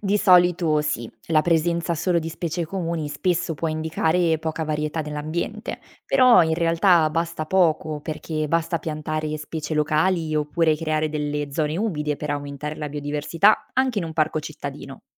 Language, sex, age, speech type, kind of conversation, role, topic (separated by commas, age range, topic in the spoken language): Italian, female, 25-29, read, expert-assistant, expert, Quando parliamo di ‘biodiversità’, a cosa ci riferiamo esattamente e perché è importante per il nostro benessere?